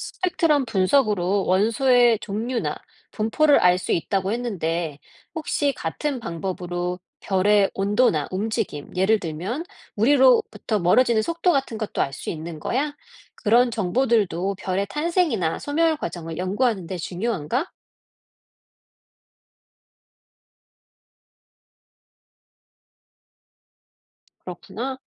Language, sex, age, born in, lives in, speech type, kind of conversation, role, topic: Korean, female, 40-44, South Korea, United States, read, expert-assistant, asker, 별의 일생 연구에는 어떤 방법이 사용되나요?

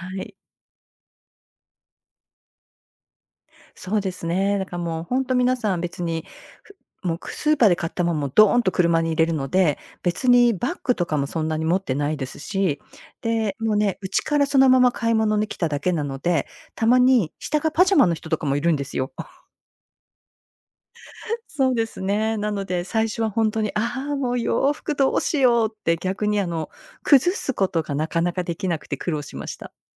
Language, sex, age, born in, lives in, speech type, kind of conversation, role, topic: Japanese, female, 55-59, Japan, United States, conversation, podcast, guest, 異文化の中で暮らし始めたとき、最初に戸惑ったことは何でしたか？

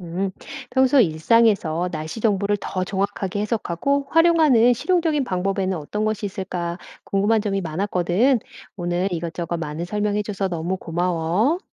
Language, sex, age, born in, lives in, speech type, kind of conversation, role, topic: Korean, female, 45-49, South Korea, United States, read, expert-assistant, asker, 일상에서 날씨 정보를 더 정확하게 해석하고 활용하는 실용적인 방법에는 어떤 것들이 있을까요?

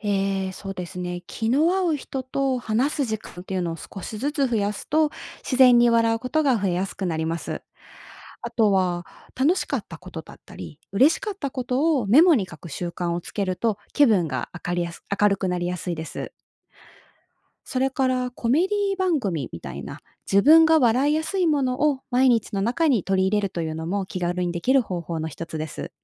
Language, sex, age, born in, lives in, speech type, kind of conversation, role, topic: Japanese, female, 30-34, Japan, Japan, read, expert-assistant, expert, なぜ人は笑うのか説明してください。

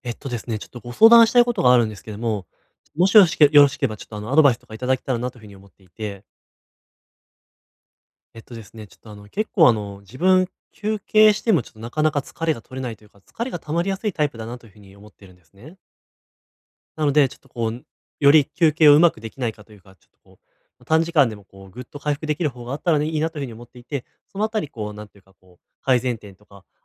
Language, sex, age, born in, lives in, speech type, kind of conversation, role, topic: Japanese, male, 20-24, Japan, Japan, conversation, advice, user, 短時間の休憩を効果的に活用するにはどうすればよいですか？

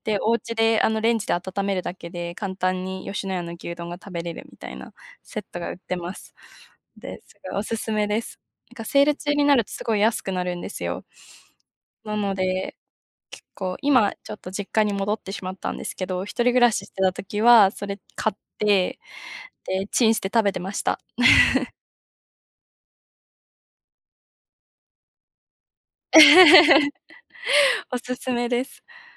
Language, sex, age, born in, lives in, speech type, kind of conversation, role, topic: Japanese, female, 20-24, Japan, Japan, conversation, unstructured, none, 好きな料理にまつわる思い出はありますか？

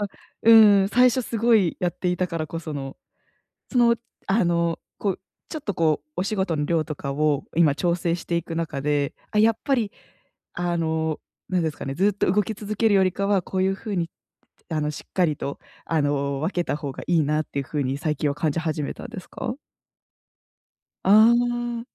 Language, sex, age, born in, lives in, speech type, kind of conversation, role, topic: Japanese, female, 25-29, Japan, Japan, conversation, advice, advisor, 安定した生活と情熱を両立するには、どうすればよいですか？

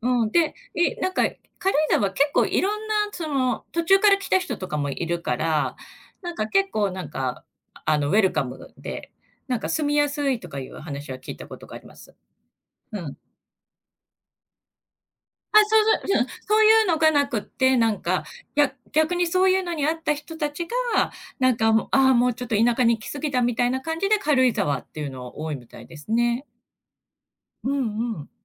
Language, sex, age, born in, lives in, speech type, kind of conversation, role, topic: Japanese, female, 50-54, Japan, Japan, conversation, unstructured, none, 将来住んでみたい場所はありますか？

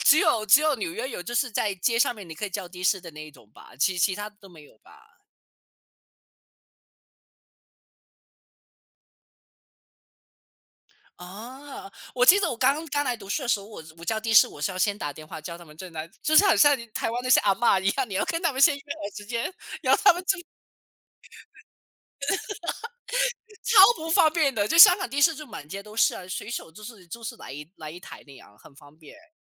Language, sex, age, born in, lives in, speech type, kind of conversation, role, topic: Chinese, male, 30-34, China, United States, conversation, unstructured, none, 你怎么看待信用卡容易让人负债的问题？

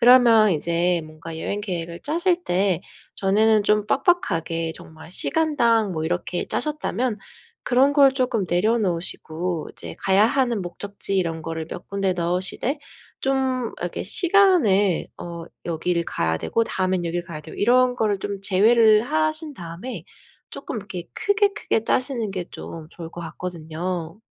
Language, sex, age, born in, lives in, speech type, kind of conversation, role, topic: Korean, female, 30-34, South Korea, United States, conversation, advice, advisor, 여행 중에 어떻게 쉬어야 번아웃을 예방할 수 있을까요?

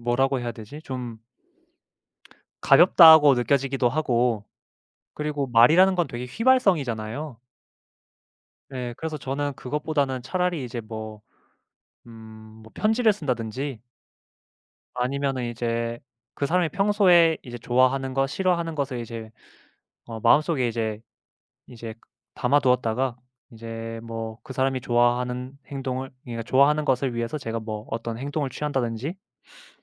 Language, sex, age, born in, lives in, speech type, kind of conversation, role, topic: Korean, male, 25-29, South Korea, Japan, conversation, unstructured, none, 사랑을 표현하는 가장 좋은 방법은 무엇일까요?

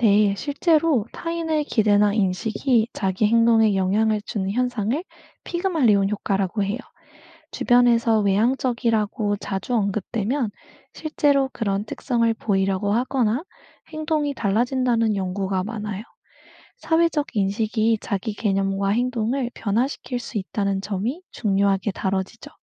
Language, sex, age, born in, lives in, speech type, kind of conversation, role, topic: Korean, female, 25-29, South Korea, United States, read, expert-assistant, expert, 사람의 첫인상에 대한 심리학 연구 결과, 정말 믿을 만한가요?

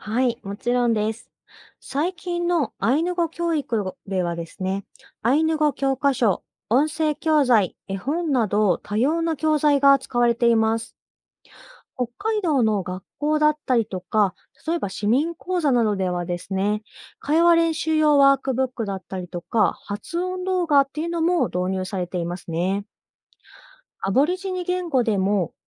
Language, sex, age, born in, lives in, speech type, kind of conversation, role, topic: Japanese, female, 30-34, Japan, Japan, read, expert-assistant, expert, 言語保存に関するニュースや記事は多いですが、どのようにして信頼できるものを見分けられますか？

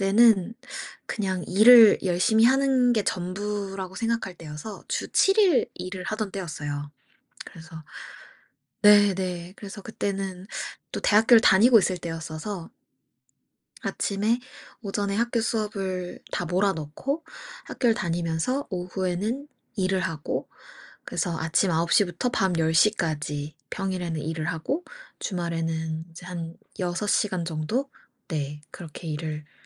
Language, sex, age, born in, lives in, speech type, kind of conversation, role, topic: Korean, female, 25-29, South Korea, United States, conversation, podcast, guest, 우연히 만난 사람이 당신의 삶을 바꾼 적이 있나요?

